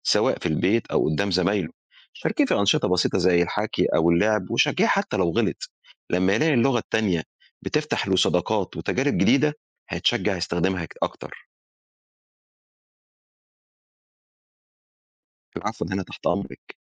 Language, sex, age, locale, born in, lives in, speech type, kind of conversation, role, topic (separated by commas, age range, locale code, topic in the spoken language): Arabic, male, 45-49, ar-EG, Egypt, Egypt, read, expert-assistant, expert, إزاي ممكن نعيد تخيل طريقة تعلم اللغة بحيث تساعد الناس يتعلموا لغتين أو أكتر في نفس الوقت من غير ما يحصل خلط بينهم؟